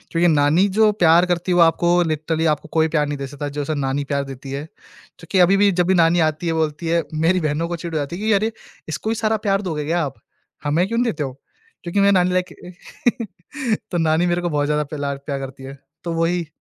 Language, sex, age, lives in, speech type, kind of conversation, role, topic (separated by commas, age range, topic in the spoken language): Hindi, male, 20-24, India, conversation, podcast, guest, Bachpan ka kaunsa parivaarik rivaaj aap ab tak follow karte ho?